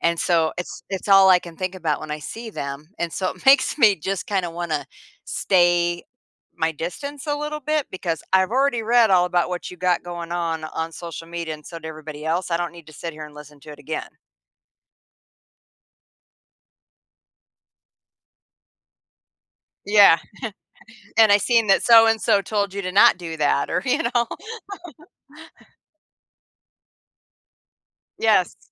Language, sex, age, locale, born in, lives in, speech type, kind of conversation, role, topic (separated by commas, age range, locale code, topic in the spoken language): English, female, 55-59, en-US, United States, United States, conversation, podcast, guest, How has social media changed the way we build and maintain friendships?